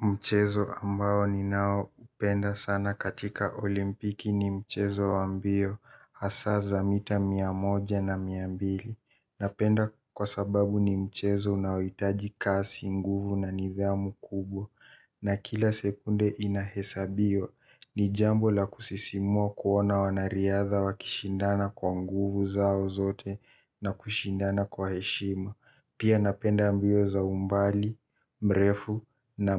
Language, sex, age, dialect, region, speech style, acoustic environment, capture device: Swahili, male, 18-24, Kenya - Nairobi Sheng-influenced, Kenya, tired, silence, mobile / Linux / Chrome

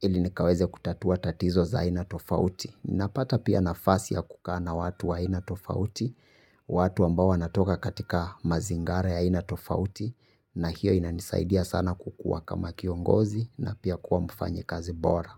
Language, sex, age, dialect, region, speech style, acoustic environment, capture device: Swahili, male, 25-34, Kenya - Nairobi Sheng-influenced, Kenya, happy, silence, mobile / Linux / Chrome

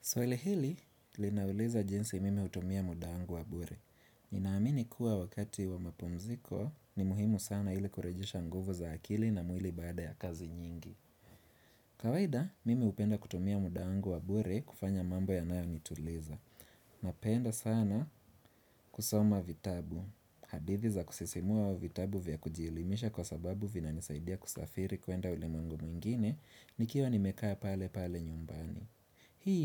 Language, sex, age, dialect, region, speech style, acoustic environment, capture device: Swahili, male, 25-34, Kenya - Nairobi Sheng-influenced, Kenya, relaxed, nature, desktop / Windows / Chrome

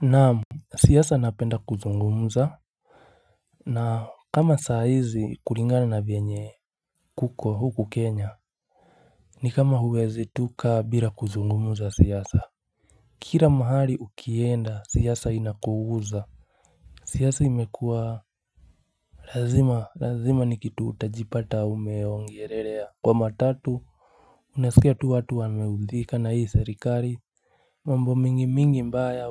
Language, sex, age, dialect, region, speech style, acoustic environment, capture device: Swahili, male, 25-34, Kenya - Nairobi Sheng-influenced, Kenya, happy, silence, mobile / Linux / Chrome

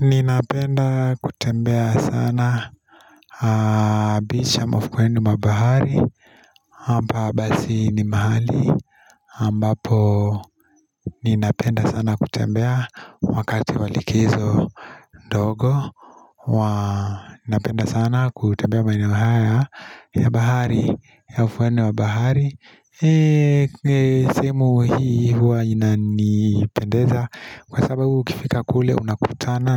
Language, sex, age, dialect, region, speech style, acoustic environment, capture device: Swahili, male, 25-34, Kenya - Nairobi Sheng-influenced, Kenya, nervous, people talking, mobile / Linux / Chrome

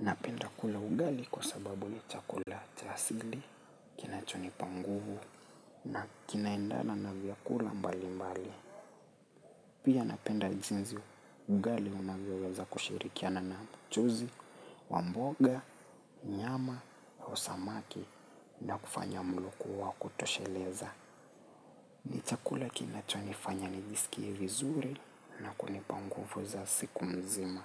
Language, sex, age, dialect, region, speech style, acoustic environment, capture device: Swahili, male, 25-34, Kenya - Nairobi Sheng-influenced, Kenya, relaxed, nature; people talking, mobile / Linux / Chrome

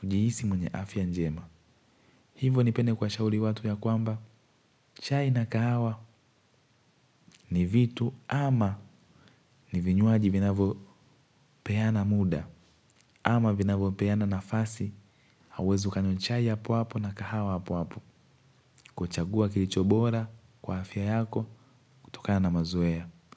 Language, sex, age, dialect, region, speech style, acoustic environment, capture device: Swahili, male, 18-24, Tanzania - Dar es Salaam, Tanzania, happy; excited; focused, nature; silence, mobile / Linux / Chrome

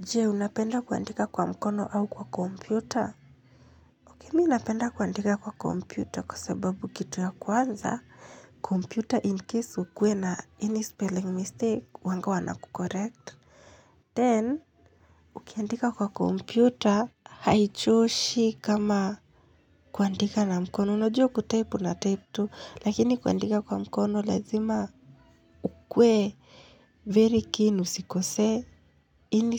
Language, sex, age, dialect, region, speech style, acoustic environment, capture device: Swahili, female, 25-34, Kenya - Nairobi Sheng-influenced, Kenya, happy, silence, mobile / Linux / Chrome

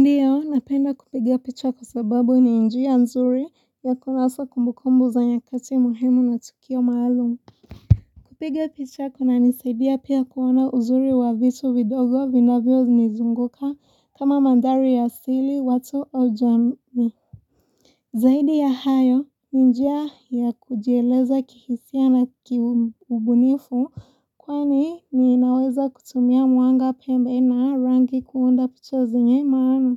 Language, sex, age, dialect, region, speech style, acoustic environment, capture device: Swahili, female, 18-24, Kenya - Mombasa, Kenya, nervous, silence, mobile / Linux / Chrome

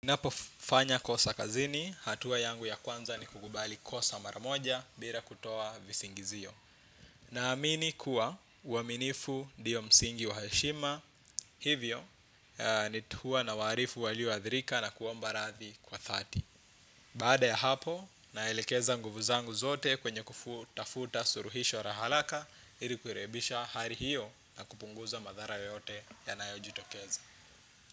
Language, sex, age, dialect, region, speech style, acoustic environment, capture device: Swahili, male, 25-34, Kenya - Nairobi Sheng-influenced, Kenya, relaxed; focused, silence, desktop / Windows / Chrome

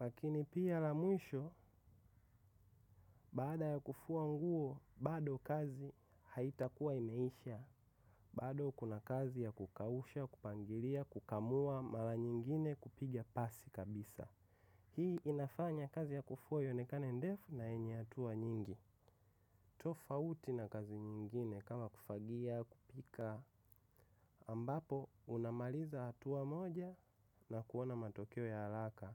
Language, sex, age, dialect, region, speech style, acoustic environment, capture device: Swahili, male, 25-34, Tanzania - Dar es Salaam, Tanzania, focused; excited; relaxed, silence; nature; appliances, mobile / macOS / Safari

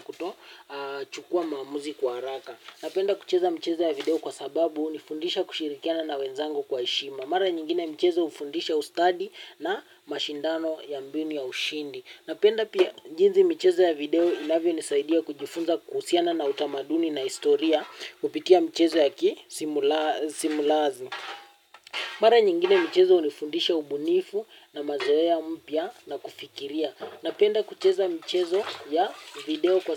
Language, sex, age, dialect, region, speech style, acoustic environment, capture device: Swahili, male, 18-24, Kenya - Nairobi Sheng-influenced, Kenya, happy, nature, mobile / Linux / Chrome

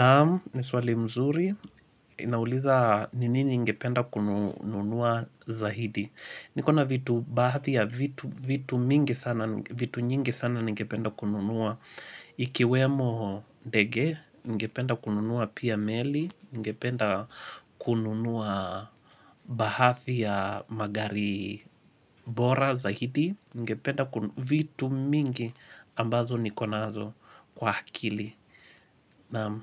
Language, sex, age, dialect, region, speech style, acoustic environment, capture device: Swahili, male, 25-34, Kenya - Mombasa, Kenya, happy; relaxed, music, mobile / Linux / Chrome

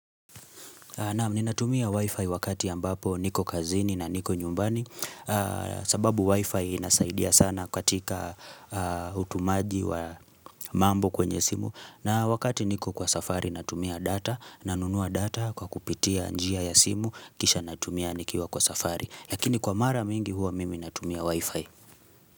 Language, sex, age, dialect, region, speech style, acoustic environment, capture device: Swahili, male, 25-34, Kenya - Nairobi Sheng-influenced, Kenya, relaxed, silence, mobile / Linux / Chrome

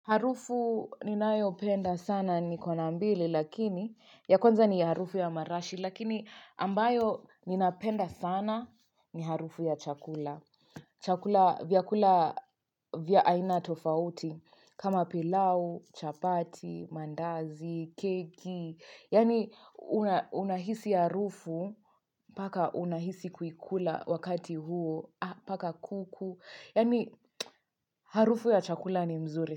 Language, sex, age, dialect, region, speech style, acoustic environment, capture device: Swahili, female, 25-34, Kenya - Nairobi Sheng-influenced, Kenya, relaxed, nature, mobile / Linux / Chrome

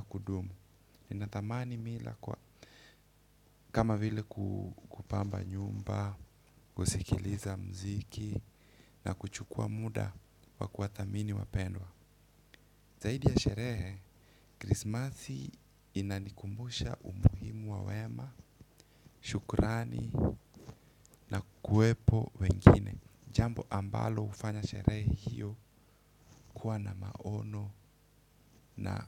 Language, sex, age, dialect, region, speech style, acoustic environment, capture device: Swahili, male, 25-34, Kenya - Mombasa, Kenya, focused; nervous, silence, desktop / Windows / Chrome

